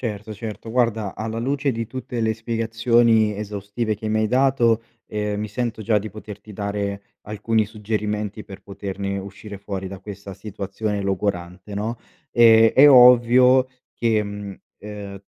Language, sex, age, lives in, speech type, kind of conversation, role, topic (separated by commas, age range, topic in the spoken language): Italian, male, 25-29, Italy, conversation, advice, advisor, Come posso procedere se ho paura di fare la scelta sbagliata per il mio futuro?